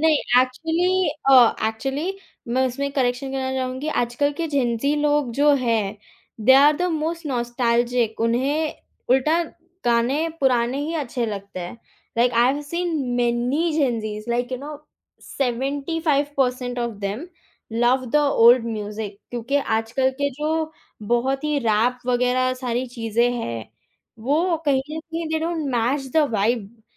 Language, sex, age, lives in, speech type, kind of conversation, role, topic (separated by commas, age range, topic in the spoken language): Hindi, female, 18-19, India, conversation, podcast, guest, Kya nostalgia pop culture ko baar-baar revive karta hai, aap kya sochte ho?